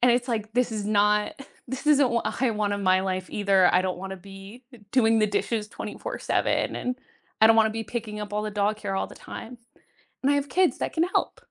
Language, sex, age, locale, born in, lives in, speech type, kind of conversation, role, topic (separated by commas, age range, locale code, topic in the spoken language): English, female, 25-29, en-US, United States, United States, conversation, unstructured, none, What is your biggest pet peeve about daily habits?